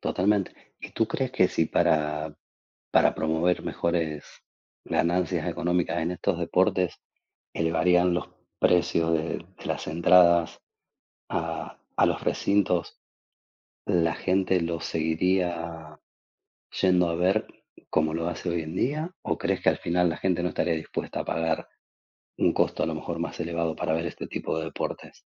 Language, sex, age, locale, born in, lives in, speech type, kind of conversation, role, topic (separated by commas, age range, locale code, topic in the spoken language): Spanish, male, 40-44, es-AR, Argentina, Spain, conversation, unstructured, none, ¿Es justo que en algunos deportes se pague mucho y en otros no se pague nada?